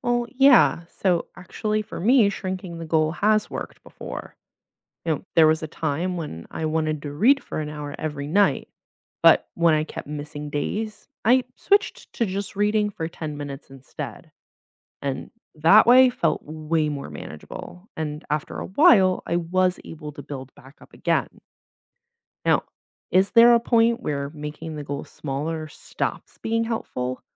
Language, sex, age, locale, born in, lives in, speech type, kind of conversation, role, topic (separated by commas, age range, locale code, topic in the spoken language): English, female, 25-29, en-US, United States, United States, read, expert-assistant, asker, What does setting SMART goals involve?